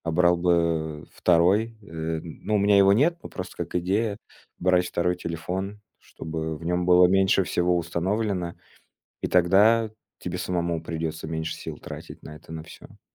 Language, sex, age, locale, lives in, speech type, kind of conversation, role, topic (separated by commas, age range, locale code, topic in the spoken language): Russian, male, 30-34, ru-RU, Estonia, conversation, podcast, guest, Когда стоит отключать уведомления, а когда лучше оставить их включёнными?